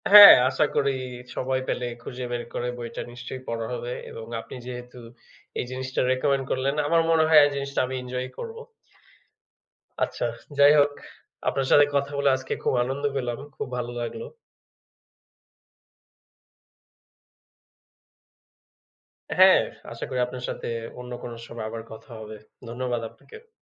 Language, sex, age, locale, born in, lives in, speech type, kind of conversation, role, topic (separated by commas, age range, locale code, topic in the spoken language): Bengali, male, 25-29, bn-BD, Bangladesh, Bangladesh, conversation, unstructured, none, তুমি কি কখনো কোনো শিল্পকর্ম দেখে অবাক হয়েছো?